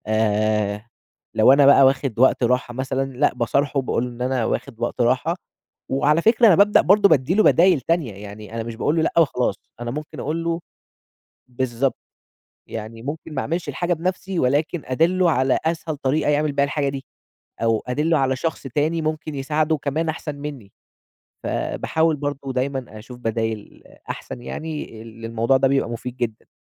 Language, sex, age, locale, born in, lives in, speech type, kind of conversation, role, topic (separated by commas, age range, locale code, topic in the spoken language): Arabic, male, 20-24, ar-EG, Egypt, Egypt, conversation, podcast, guest, إزاي بتعرف تقول لأ؟